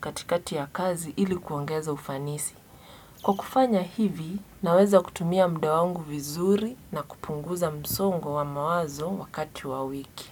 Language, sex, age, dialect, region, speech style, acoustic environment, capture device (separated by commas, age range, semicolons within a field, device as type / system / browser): Swahili, female, 18-24, Kenya - Mombasa, Kenya, relaxed; focused; excited; happy, nature, desktop / Windows / Edge